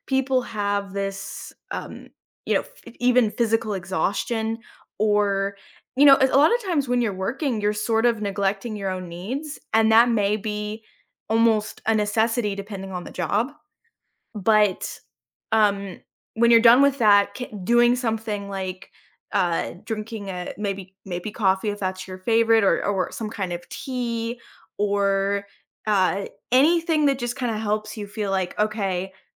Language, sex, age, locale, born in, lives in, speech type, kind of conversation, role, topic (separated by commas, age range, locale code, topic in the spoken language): English, female, 25-29, en-US, United States, United States, conversation, advice, advisor, How can I boost my energy and motivation?